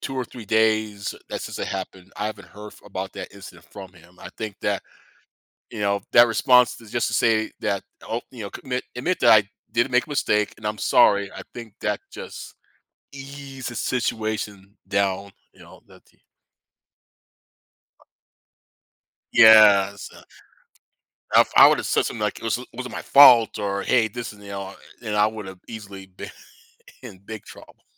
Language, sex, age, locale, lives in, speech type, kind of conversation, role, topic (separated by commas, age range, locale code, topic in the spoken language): English, male, 50-54, en-US, United States, conversation, podcast, guest, What helps you stay motivated and learn from setbacks at work?